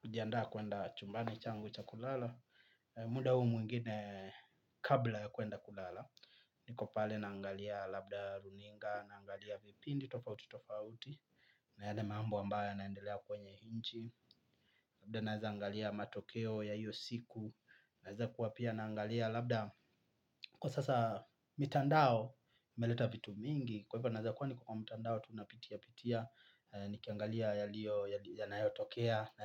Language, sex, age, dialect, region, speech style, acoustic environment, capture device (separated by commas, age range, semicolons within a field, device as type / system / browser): Swahili, male, 25-34, Kenya - Mombasa, Kenya, happy; relaxed; focused, silence, mobile / Linux / Chrome